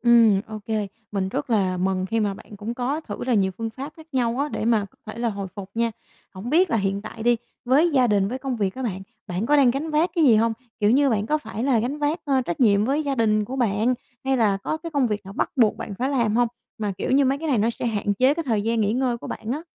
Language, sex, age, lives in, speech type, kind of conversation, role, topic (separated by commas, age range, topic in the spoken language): Vietnamese, female, 25-29, Vietnam, conversation, advice, advisor, Tôi nên bắt đầu phục hồi từ đâu sau một giai đoạn kiệt sức?